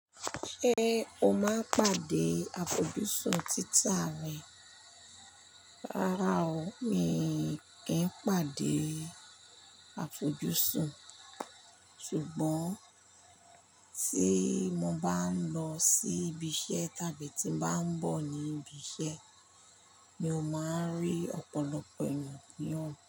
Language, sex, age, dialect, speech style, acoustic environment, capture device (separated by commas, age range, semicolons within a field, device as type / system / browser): Yoruba, female, 45-54, Nigeria - Lagos Yoruba, relaxed, nature, mobile / Linux / Chrome